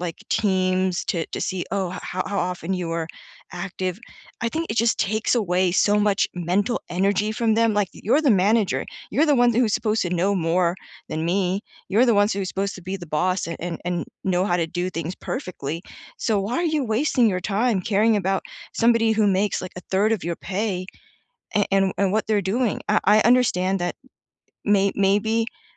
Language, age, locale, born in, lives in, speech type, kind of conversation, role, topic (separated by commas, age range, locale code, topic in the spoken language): English, 30-34, en-US, United States, United States, conversation, unstructured, none, What is your opinion of bosses who micromanage?